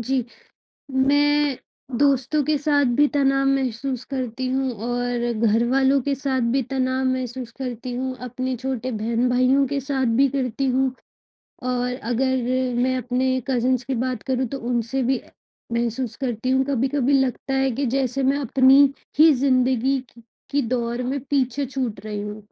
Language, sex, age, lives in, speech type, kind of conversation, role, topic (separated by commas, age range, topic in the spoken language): Hindi, female, 25-29, India, conversation, advice, user, मैं छुट्टियों के दौरान होने वाले तनाव और अपेक्षाओं से कैसे निपटूँ?